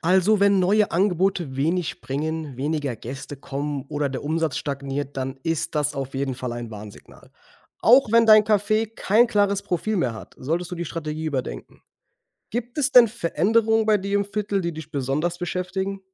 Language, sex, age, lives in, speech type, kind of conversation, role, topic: German, male, 25-29, Germany, read, expert-assistant, expert, Wie entwickle ich eine erfolgreiche Geschäftsstrategie für mein Café?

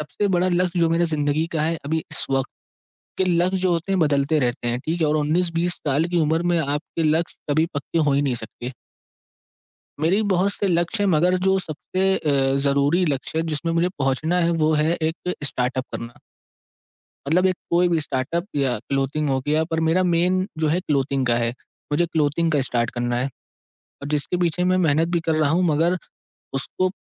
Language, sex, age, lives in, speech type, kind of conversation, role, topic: Hindi, male, 18-19, India, conversation, advice, user, आप बड़े प्रोजेक्ट की शुरुआत क्यों नहीं कर पा रहे हैं?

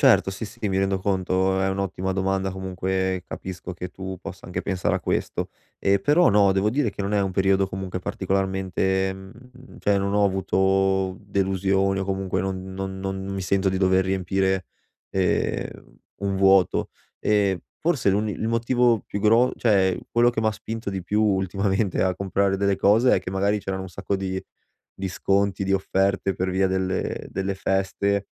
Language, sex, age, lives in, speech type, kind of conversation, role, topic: Italian, male, 25-29, Italy, conversation, advice, user, Come posso evitare acquisti impulsivi e non sprecare soldi quando faccio shopping?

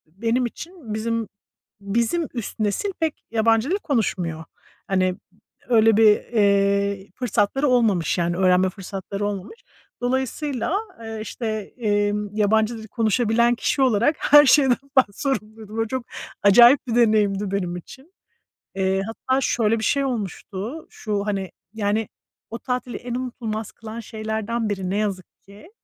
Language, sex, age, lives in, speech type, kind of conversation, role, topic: Turkish, female, 40-44, United States, conversation, unstructured, none, Ailenle geçirdiğin en unutulmaz tatil hangisiydi?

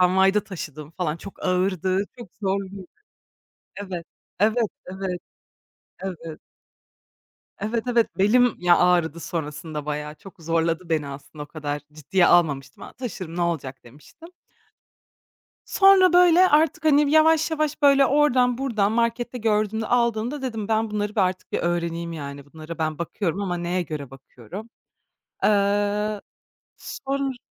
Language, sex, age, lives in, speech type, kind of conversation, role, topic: Turkish, female, 25-29, Germany, conversation, podcast, guest, Yeni başlayanlara ilk tavsiyen ne olur?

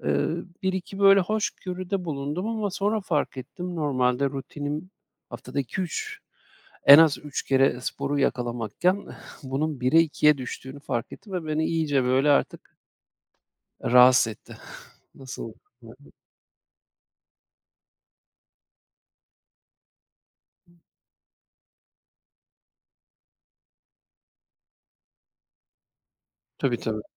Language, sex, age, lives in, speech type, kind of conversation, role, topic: Turkish, male, 40-44, Austria, conversation, advice, user, İşle ev hayatı arasındaki zamanı nasıl dengeleyebilirim?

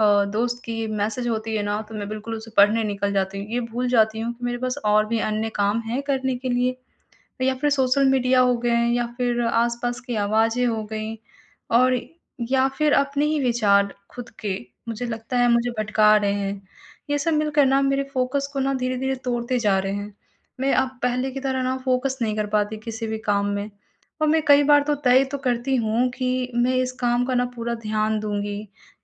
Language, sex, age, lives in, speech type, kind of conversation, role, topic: Hindi, female, 55-59, India, conversation, advice, user, मैं विकर्षण कम करके अपना ध्यान कैसे बेहतर कर सकता/सकती हूँ?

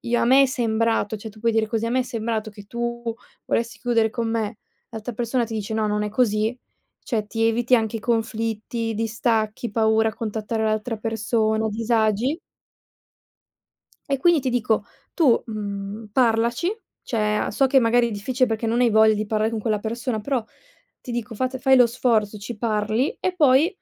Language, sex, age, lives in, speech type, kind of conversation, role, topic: Italian, female, 20-24, Italy, conversation, advice, advisor, Come ti ritiri e ti isoli dopo piccoli conflitti?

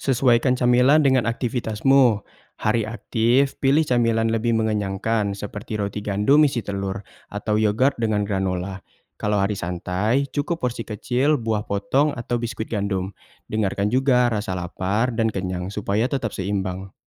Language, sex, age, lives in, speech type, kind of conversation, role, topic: Indonesian, male, 20-24, Indonesia, read, expert-assistant, expert, Bagaimana cara memilih pola makan yang cocok untuk gaya hidup saya?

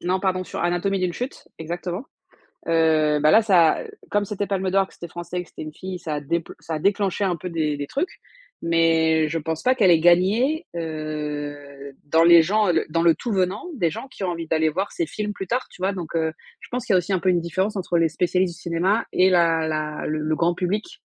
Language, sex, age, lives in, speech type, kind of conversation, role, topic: French, female, 35-39, France, conversation, unstructured, none, Pourquoi certains films semblent-ils toujours manquer d’originalité ?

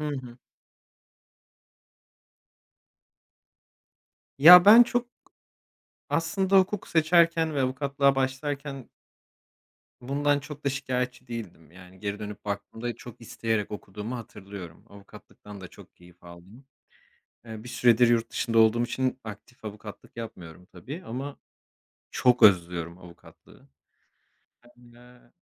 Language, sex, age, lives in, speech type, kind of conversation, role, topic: Turkish, male, 30-34, Portugal, conversation, unstructured, none, En büyük pişmanlığın nedir?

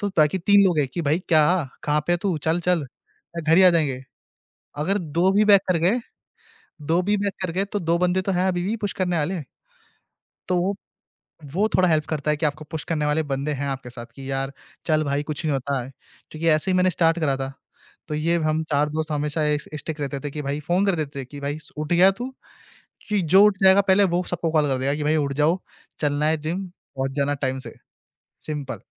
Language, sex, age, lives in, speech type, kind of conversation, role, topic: Hindi, male, 20-24, India, conversation, podcast, guest, Tum roz workout ko apni daily routine mein kaise maintain karte ho?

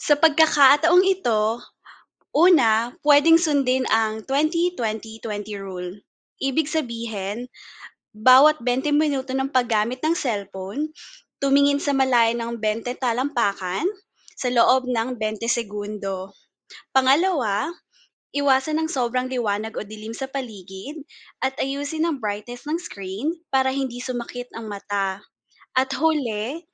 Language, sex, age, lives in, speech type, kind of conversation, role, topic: Filipino, female, 30-34, Philippines, read, expert-assistant, expert, Ano ang mga epekto ng labis na paggamit ng cellphone sa kalusugan ng mata?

